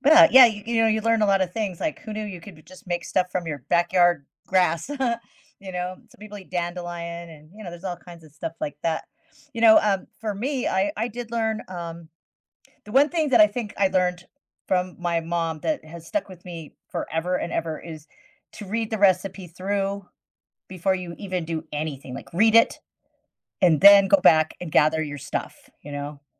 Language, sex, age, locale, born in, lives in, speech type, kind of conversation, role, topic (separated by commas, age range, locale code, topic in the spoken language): English, female, 55-59, en-US, United States, United States, conversation, podcast, host, What are the best ways for beginners to build confidence and enjoy learning to cook?